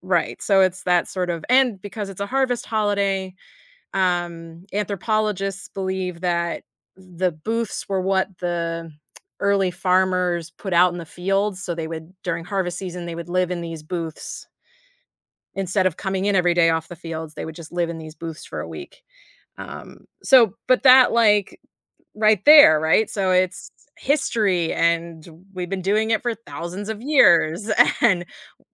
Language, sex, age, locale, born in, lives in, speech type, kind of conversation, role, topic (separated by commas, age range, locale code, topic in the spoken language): English, female, 30-34, en-US, United States, United States, conversation, podcast, guest, How do holidays shape your sense of heritage?